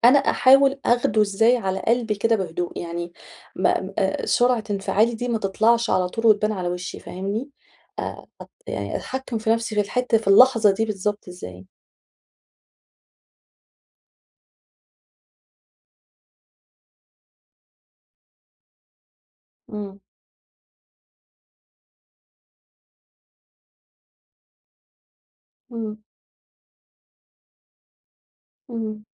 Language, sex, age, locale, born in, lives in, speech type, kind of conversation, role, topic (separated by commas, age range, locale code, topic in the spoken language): Arabic, female, 35-39, ar-EG, Egypt, Egypt, conversation, advice, user, إزاي أتعامل مع النقد بشكل بنّاء من غير ما أحس بالإحباط أو أبقى في موقف دفاعي؟